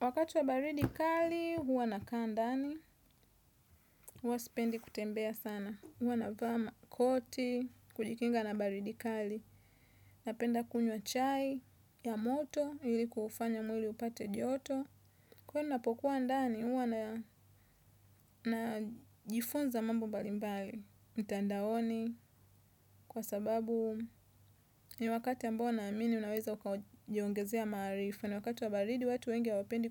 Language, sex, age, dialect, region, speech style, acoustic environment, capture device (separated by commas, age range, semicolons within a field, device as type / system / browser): Swahili, female, 25-34, Tanzania - Dar es Salaam, Tanzania, nervous; tired, traffic; construction; nature, desktop / Windows / Chrome